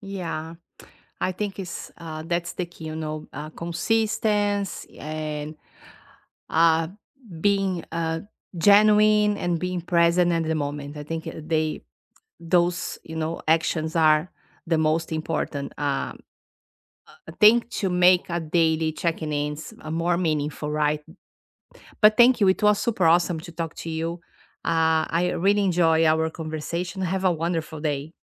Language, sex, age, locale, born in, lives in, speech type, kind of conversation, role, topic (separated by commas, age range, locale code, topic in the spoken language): English, female, 50-54, en-BR, Brazil, United States, conversation, unstructured, none, What small check-ins help you stay connected day to day?